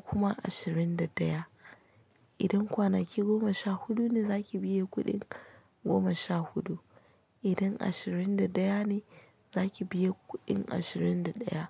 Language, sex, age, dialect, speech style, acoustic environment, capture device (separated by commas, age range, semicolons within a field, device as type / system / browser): Hausa, female, 25-34, Nigeria - Nigerian Standard, relaxed, silence, mobile / Linux / Chrome